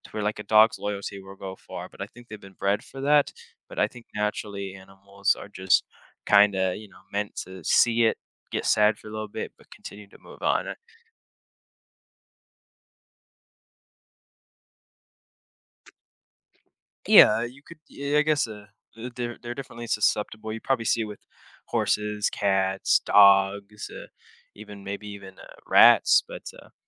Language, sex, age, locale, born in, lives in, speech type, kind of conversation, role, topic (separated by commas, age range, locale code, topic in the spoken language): English, male, 18-19, en-US, United States, United States, conversation, podcast, guest, How does experiencing grief change the way we go about our everyday lives?